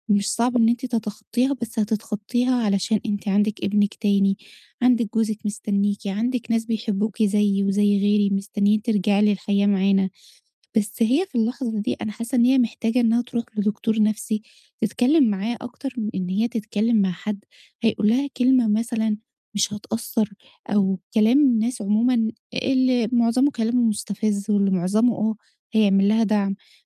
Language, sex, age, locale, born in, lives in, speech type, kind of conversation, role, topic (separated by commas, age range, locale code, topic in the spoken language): Arabic, female, 30-34, ar-EG, Egypt, Egypt, conversation, advice, advisor, إزاي أساعد حد بيعدّي بخسارة كبيرة وبيحس بحزن شديد؟